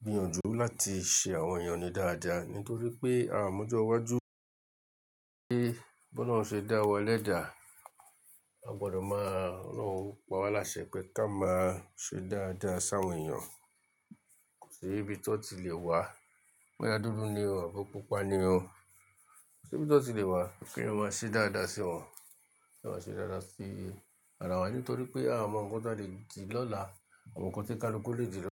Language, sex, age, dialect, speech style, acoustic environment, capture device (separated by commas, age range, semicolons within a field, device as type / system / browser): Yoruba, male, 35-44, Nigeria - Lagos Yoruba, relaxed, appliances, mobile / Linux / Chrome